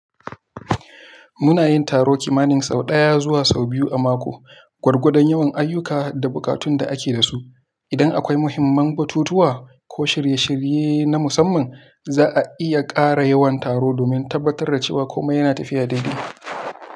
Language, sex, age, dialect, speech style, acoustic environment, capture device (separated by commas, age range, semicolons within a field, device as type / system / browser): Hausa, male, 18-24, Nigeria - Kano, happy, silence, mobile / Linux / Chrome